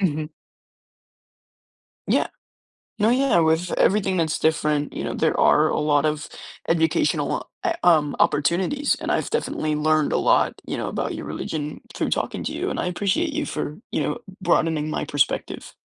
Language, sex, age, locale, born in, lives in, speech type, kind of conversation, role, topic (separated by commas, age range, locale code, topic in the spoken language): English, male, 18-19, en-US, United States, United States, conversation, podcast, host, How does your faith shape your everyday choices and experiences?